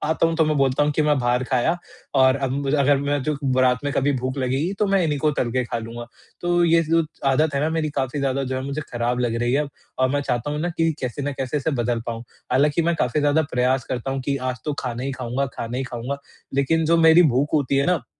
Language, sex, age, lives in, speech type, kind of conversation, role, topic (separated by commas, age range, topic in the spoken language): Hindi, male, 45-49, India, conversation, advice, user, मैं प्रोसेस्ड और पैकेज्ड खाना खाने की आदत छोड़कर स्थायी रूप से स्वस्थ खाने की आदतें कैसे बना सकता/सकती हूँ?